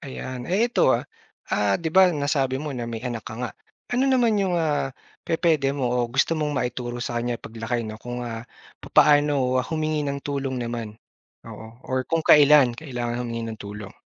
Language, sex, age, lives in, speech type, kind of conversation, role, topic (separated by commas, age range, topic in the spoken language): Filipino, male, 30-34, Philippines, conversation, podcast, host, Paano mo binabalanse ang pagtulong sa iba at ang paghingi ng tulong kapag ikaw naman ang nangangailangan?